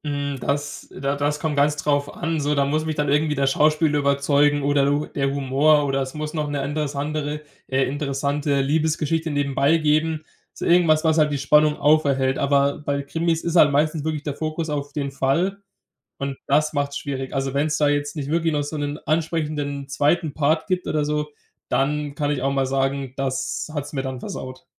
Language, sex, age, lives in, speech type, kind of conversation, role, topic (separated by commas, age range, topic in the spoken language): German, male, 20-24, Germany, conversation, podcast, guest, Wie gehst du ehrlich mit Spoilern um?